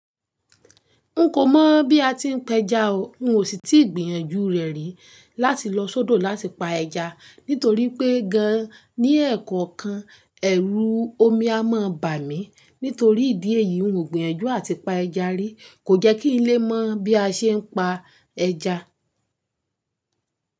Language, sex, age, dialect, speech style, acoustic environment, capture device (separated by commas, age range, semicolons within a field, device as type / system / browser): Yoruba, female, 25-34, Nigeria - Ibadan, focused, silence, mobile / Linux / Chrome